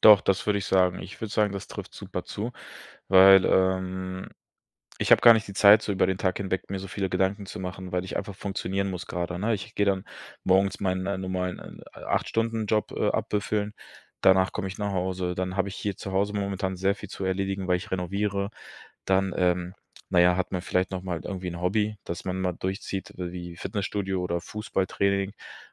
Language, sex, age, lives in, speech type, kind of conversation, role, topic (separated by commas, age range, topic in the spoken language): German, male, 25-29, Germany, conversation, advice, user, Wie kann ich meine Alltagsroutinen an körperliche Veränderungen anpassen?